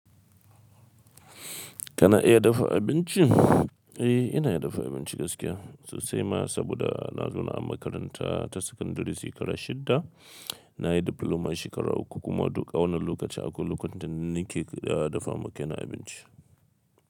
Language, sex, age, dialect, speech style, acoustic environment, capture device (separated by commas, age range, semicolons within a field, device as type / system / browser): Hausa, male, 25-34, Nigeria - Kano, happy, silence, mobile / Linux / Chrome